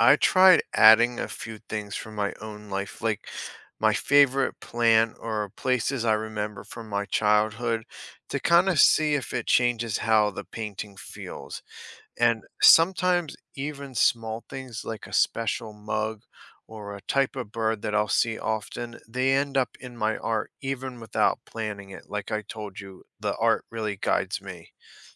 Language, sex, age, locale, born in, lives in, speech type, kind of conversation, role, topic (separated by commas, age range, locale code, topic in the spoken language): English, male, 35-39, en-US, United States, United States, read, expert-assistant, asker, How does art help people express themselves?